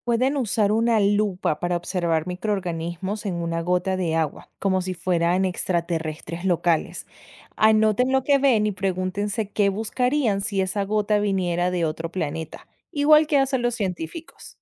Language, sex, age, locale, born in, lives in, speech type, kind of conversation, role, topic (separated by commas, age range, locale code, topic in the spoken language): Spanish, female, 25-29, es-VE, Venezuela, United States, read, expert-assistant, expert, ¿Cómo puedo equilibrar mi curiosidad por la vida en otros planetas con mis responsabilidades diarias en la Tierra?